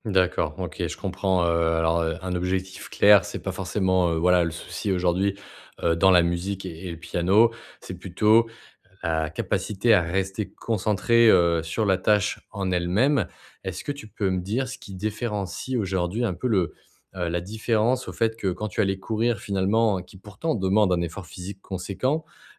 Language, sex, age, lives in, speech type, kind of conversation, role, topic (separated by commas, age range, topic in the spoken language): French, male, 30-34, France, conversation, advice, advisor, Comment puis-je réduire la procrastination et les distractions courantes pour rester concentré ?